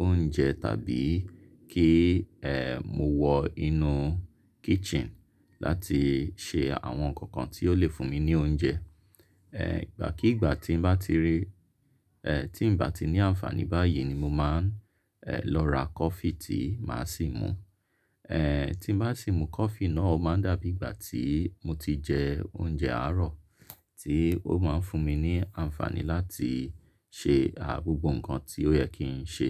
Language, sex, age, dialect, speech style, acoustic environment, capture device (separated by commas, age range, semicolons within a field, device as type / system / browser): Yoruba, male, 35-44, Nigeria - Lagos Yoruba, relaxed; focused, nature, mobile / Linux / Chrome